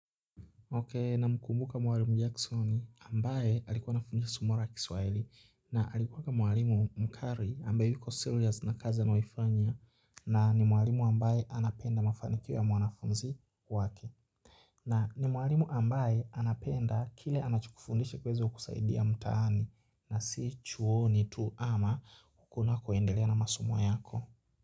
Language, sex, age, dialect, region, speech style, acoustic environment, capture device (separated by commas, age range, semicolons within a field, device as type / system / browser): Swahili, male, 18-24, Kenya - Nairobi Sheng-influenced, Kenya, focused, people talking, mobile / Linux / Chrome